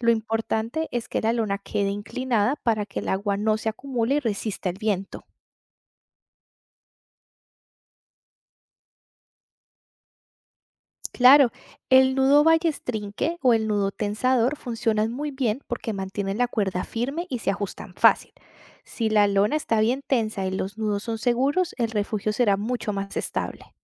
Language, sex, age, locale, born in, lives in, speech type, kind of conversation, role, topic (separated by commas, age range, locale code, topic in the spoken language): Spanish, female, 35-39, es-CO, Colombia, Italy, read, expert-assistant, expert, ¿Cómo improvisar un refugio si no llevé tienda de campaña y empieza a llover?